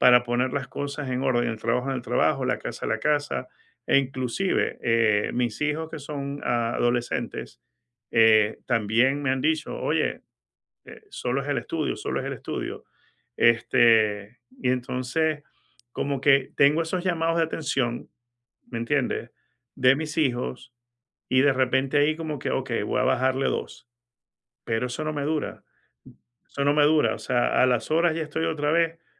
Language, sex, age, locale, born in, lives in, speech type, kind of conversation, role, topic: Spanish, male, 50-54, es-VE, Venezuela, United States, conversation, advice, user, ¿Cómo puedo aprovechar una pausa para recargar la mente?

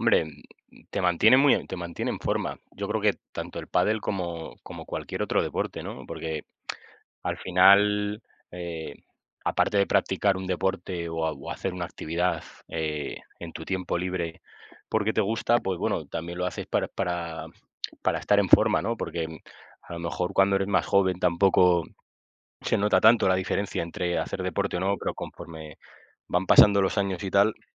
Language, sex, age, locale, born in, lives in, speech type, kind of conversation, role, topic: Spanish, male, 25-29, es-ES, Spain, Spain, conversation, unstructured, none, ¿Cuál es tu deporte favorito para mantenerte activo?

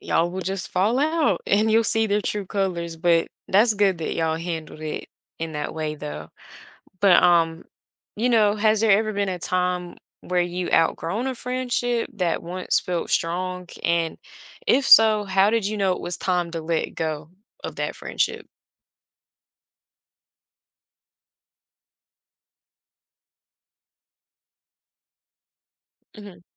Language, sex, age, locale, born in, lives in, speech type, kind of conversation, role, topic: English, female, 20-24, en-US, United States, United States, conversation, podcast, host, How have strong friendships shaped who you are and how you navigate life?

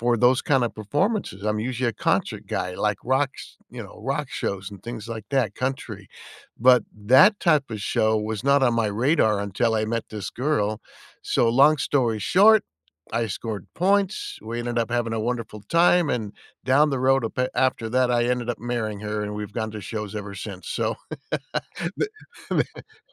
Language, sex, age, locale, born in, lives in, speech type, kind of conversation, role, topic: English, male, 60-64, en-US, United States, United States, conversation, unstructured, none, What is the best live performance you have ever attended, and why?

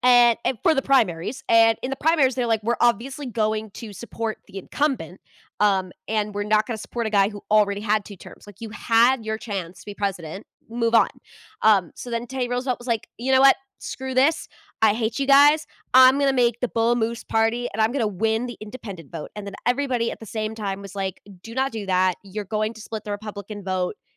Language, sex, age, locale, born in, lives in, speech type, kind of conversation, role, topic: English, female, 25-29, en-US, United States, United States, conversation, podcast, guest, Why do so many people believe myths about major moments in history?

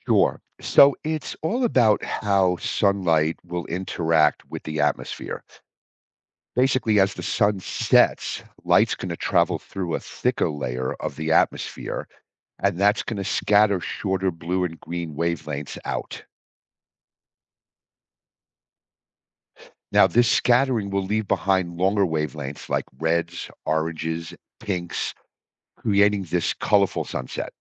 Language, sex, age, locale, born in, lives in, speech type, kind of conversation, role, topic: English, male, 55-59, en-US, United States, United States, read, expert-assistant, expert, What causes the sky to change colors during sunset?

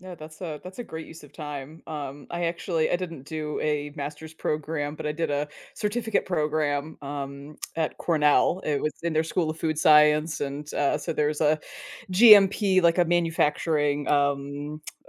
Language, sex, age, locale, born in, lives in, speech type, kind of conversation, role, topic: English, female, 40-44, en-US, United States, United States, conversation, podcast, host, How do you make self-care a meaningful part of your everyday life?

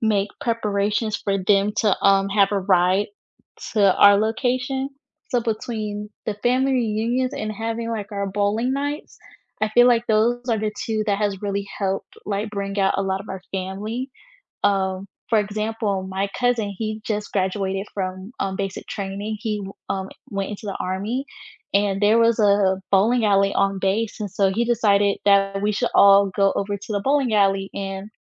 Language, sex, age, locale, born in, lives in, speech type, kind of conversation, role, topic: English, female, 20-24, en-US, United States, United States, conversation, unstructured, none, What new tradition would you love to start with friends or family to deepen your connection?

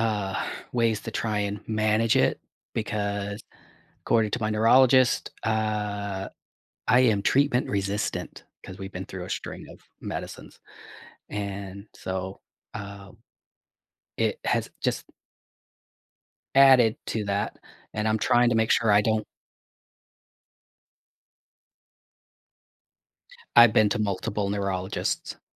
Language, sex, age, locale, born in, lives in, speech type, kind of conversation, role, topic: English, male, 45-49, en-US, United States, United States, conversation, advice, user, How can I prevent burnout?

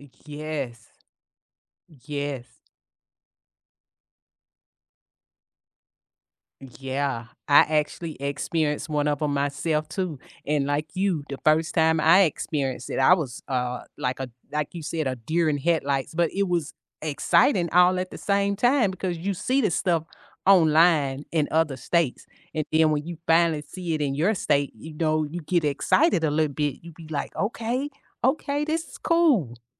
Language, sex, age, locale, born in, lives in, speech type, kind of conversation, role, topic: English, female, 55-59, en-US, United States, United States, conversation, unstructured, none, How can technology help people learn more effectively?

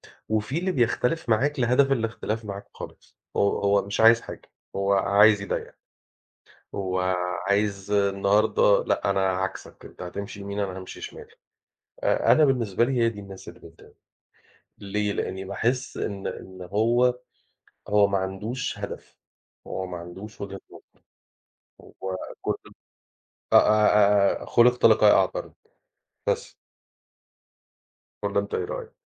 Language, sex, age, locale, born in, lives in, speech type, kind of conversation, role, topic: Arabic, male, 40-44, ar-EG, Egypt, Portugal, conversation, unstructured, none, إيه اللي بيخليك تفقد أعصابك وإنت بتتكلم مع حد مختلف معاك في الرأي؟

